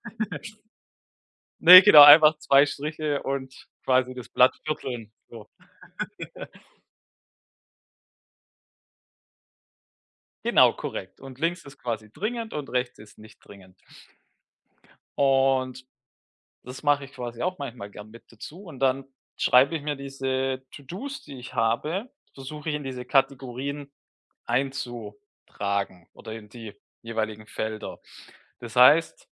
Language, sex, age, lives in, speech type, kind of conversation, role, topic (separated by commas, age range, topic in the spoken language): German, male, 35-39, Germany, conversation, advice, advisor, Wie kann ich mich besser fokussieren, wenn mich zu viele gleichzeitige Aufgaben überfordern?